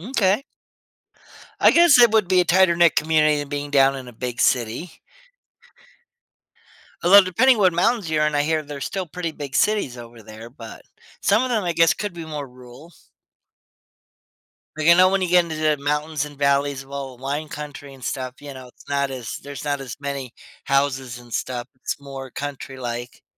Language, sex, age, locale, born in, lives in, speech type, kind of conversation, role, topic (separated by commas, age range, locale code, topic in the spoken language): English, male, 40-44, en-US, United States, United States, conversation, unstructured, none, What helps siblings build strong and lasting connections over time?